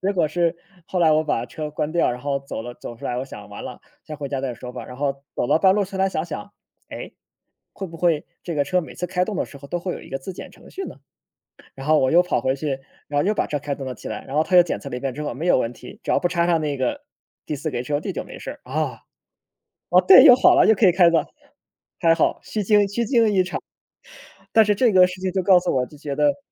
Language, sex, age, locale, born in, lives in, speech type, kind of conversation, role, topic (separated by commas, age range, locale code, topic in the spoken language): Chinese, male, 30-34, zh-CN, China, Germany, conversation, unstructured, none, 科技进步带来了哪些好处？